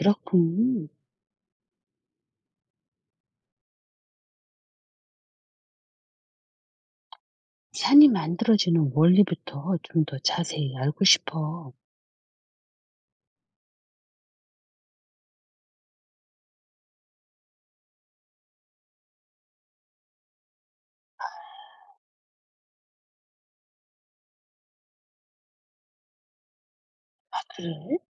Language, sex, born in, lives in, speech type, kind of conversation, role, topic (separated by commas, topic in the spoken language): Korean, female, South Korea, South Korea, read, expert-assistant, asker, 지구는 왜 둥글까요?